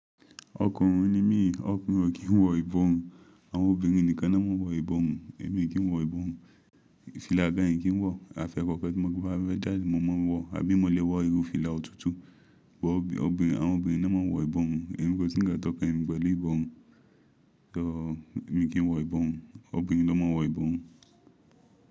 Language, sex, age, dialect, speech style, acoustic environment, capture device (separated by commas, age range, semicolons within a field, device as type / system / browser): Yoruba, male, 25-34, Nigeria - Ibadan, happy, silence, mobile / Linux / Chrome